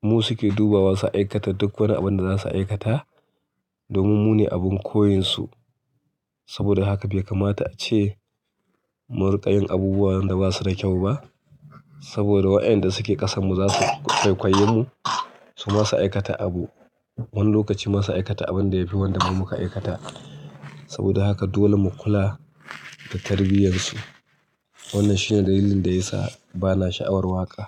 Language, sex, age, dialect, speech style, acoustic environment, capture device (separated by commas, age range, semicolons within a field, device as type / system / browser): Hausa, male, 35-44, Nigeria - Kano, tired, nature, mobile / Linux / Chrome